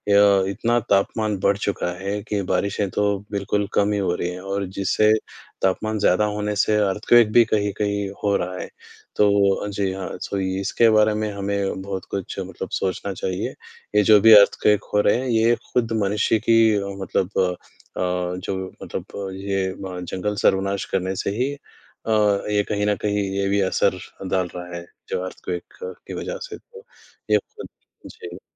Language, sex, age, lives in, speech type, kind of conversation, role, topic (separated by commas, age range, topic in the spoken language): Hindi, male, 20-24, India, conversation, unstructured, none, हमारे जंगलों के कटने से कौन-कौन से खतरे पैदा हो सकते हैं?